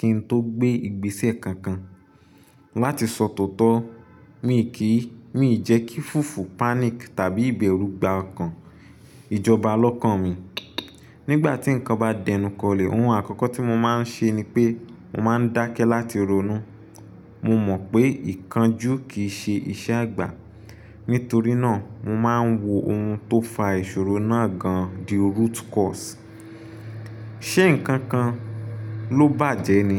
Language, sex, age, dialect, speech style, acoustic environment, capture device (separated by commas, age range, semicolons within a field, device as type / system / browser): Yoruba, male, 25-34, Nigeria - Nigerian Standard, focused, appliances, desktop / Linux / Chrome